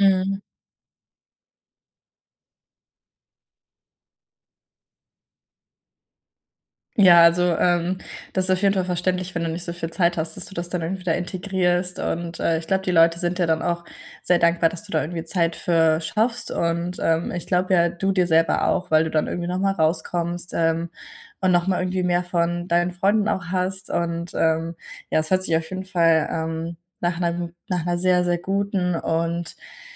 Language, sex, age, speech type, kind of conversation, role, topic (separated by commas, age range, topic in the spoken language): German, female, 20-24, conversation, podcast, host, Wie integrierst du Pausen in hektische Arbeitstage?